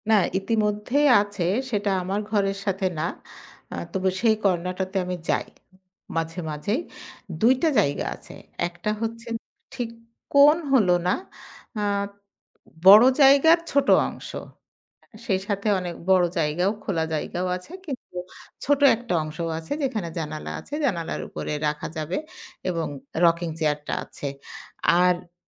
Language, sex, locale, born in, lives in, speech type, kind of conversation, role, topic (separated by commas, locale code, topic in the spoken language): Bengali, female, bn-BD, Bangladesh, Bangladesh, conversation, podcast, guest, আপনার মতে পড়ার বা বিশ্রামের জন্য আদর্শ ছোট একটি কোণ কেমন হওয়া উচিত?